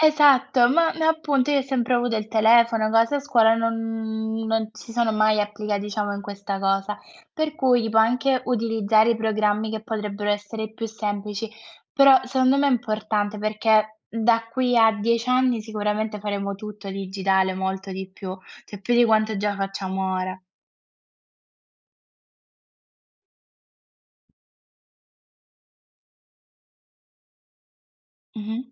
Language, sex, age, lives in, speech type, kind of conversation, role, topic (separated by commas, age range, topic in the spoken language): Italian, female, 20-24, Italy, conversation, unstructured, none, Come dovrebbe cambiare la scuola per preparare meglio gli studenti alla vita?